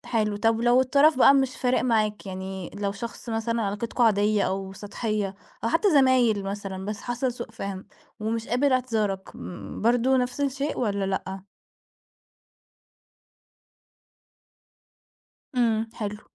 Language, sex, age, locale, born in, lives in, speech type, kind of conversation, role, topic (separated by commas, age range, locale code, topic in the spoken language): Arabic, female, 20-24, ar-EG, Egypt, Portugal, conversation, podcast, host, إيه دور الاعتذار البسيط لما يحصل سوء تفاهم؟